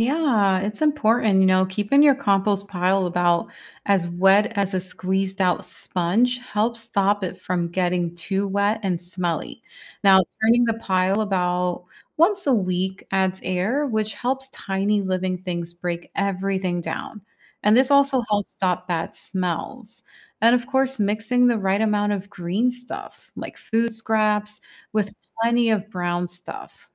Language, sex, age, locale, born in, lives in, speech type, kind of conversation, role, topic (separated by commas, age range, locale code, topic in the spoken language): English, female, 35-39, en-US, United States, United States, read, expert-assistant, expert, How do microorganisms help in breaking down waste?